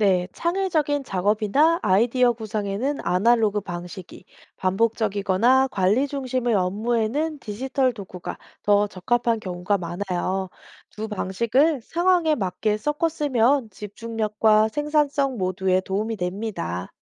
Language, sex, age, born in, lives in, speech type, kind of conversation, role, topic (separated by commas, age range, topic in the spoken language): Korean, female, 30-34, South Korea, South Korea, read, expert-assistant, expert, 디지털 미디어 시대에 집중력을 유지하며 효율적으로 일하는 습관을 기르는 방법은 무엇일까요?